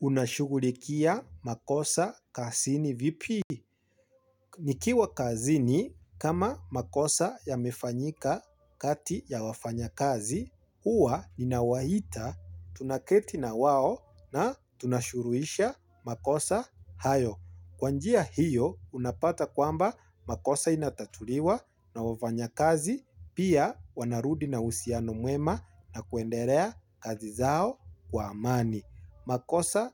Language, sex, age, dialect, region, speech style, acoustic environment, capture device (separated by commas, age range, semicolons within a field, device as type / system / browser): Swahili, male, 25-34, Kenya - Nairobi Sheng-influenced, Kenya, excited, silence, mobile / Linux / Chrome